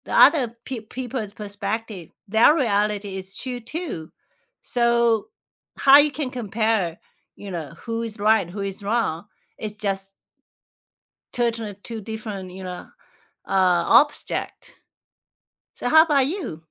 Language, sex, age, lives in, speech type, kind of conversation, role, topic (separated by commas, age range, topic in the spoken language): English, female, 45-49, United States, conversation, unstructured, none, What is the best piece of advice you have heard lately, and how has it changed you?